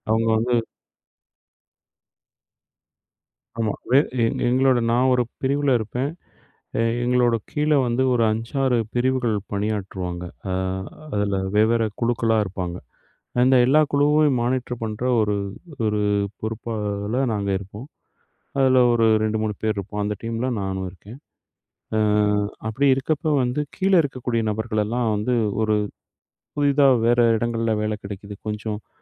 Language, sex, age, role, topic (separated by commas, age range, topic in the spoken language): Tamil, male, 40-44, guest, ஒரு சிறிய அனுபவத்தைப் பெரிய கதையாக மாற்றுவது எப்படி?